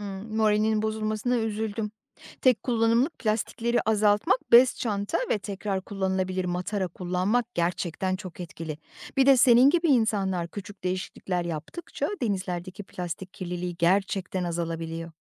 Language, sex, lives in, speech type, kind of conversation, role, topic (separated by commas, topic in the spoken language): Turkish, female, Poland, read, expert-assistant, expert, Denizlerde plastik kirliliğiyle başa çıkmak için bireysel olarak hangi adımları atabilirim?